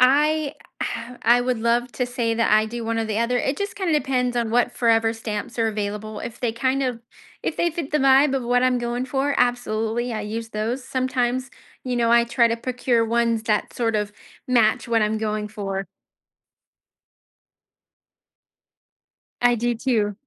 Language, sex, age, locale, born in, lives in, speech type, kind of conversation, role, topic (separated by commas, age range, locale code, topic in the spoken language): English, female, 30-34, en-US, United States, United States, conversation, advice, user, How can I stay motivated on my creative project?